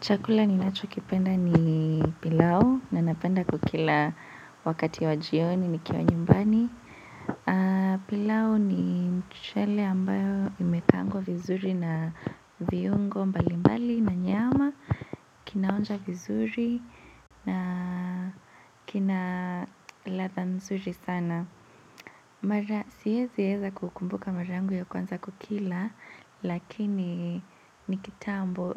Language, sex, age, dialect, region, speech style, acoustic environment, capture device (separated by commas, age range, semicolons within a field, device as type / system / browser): Swahili, female, 18-24, Kenya - Nairobi Sheng-influenced, Kenya, relaxed, nature, mobile / Linux / Chrome